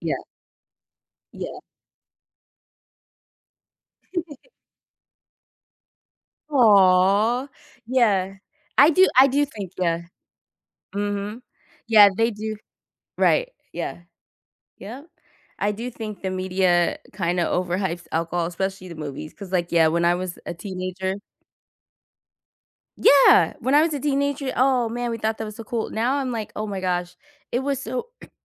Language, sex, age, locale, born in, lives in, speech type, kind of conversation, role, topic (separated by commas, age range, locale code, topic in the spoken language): English, female, 40-44, en-US, United States, United States, conversation, unstructured, none, How can movies teach us about different cultures?